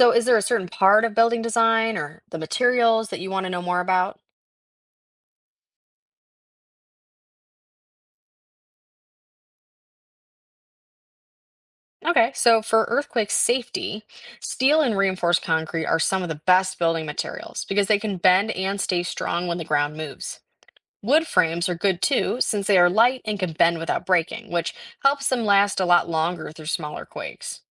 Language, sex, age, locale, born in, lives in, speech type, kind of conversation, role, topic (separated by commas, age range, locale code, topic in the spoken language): English, female, 18-19, en-US, United States, United States, read, expert-assistant, expert, How do earthquakes occur and what causes them?